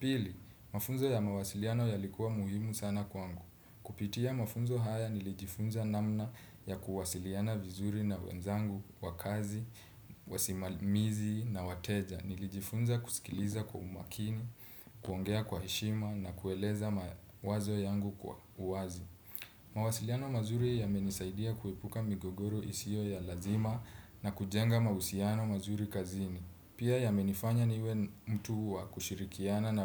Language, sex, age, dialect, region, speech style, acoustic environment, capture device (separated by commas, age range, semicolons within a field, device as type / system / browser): Swahili, male, 25-34, Kenya - Nairobi Sheng-influenced, Kenya, relaxed, silence, desktop / Windows / Chrome